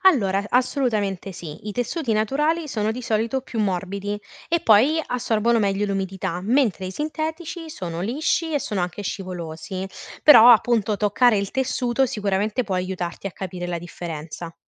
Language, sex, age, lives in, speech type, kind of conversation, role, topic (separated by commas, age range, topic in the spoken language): Italian, female, 20-24, Italy, read, expert-assistant, expert, Quali abitudini quotidiane posso adottare per ridurre il mio impatto sugli ecosistemi marini?